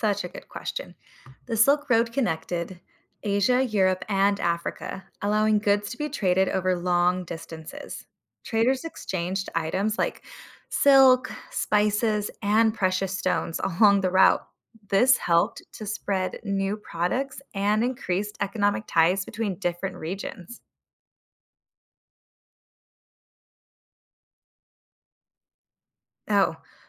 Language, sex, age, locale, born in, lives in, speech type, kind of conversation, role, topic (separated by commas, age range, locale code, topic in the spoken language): English, female, 30-34, en-US, United States, United States, read, expert-assistant, expert, Explain how the Silk Road shaped trade and culture.